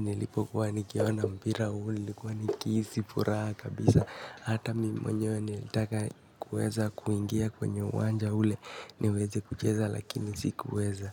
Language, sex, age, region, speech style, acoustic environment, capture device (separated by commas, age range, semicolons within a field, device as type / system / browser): Swahili, male, 18-24, Kenya, relaxed, nature, mobile / Linux / Chrome